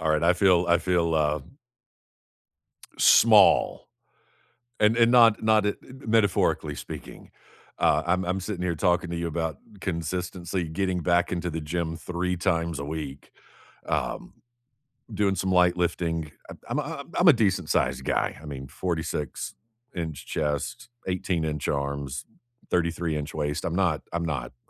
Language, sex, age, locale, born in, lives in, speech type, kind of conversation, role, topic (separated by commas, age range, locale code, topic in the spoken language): English, male, 40-44, en-US, United States, United States, conversation, unstructured, none, What small goal are you excited to work toward this month?